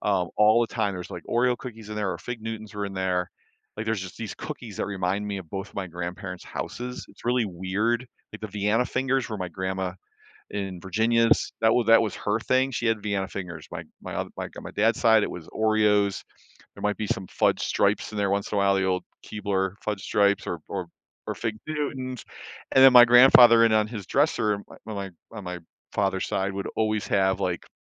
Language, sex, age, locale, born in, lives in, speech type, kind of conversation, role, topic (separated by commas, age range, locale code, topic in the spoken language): English, male, 55-59, en-US, United States, United States, conversation, podcast, guest, How do shared experiences and memories make certain meals more meaningful?